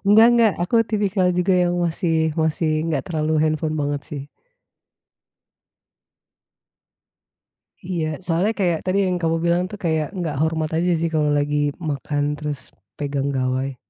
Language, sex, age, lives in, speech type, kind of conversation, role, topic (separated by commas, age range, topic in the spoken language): Indonesian, female, 35-39, Indonesia, conversation, podcast, host, Bagaimana biasanya konflik antargenerasi muncul di keluargamu?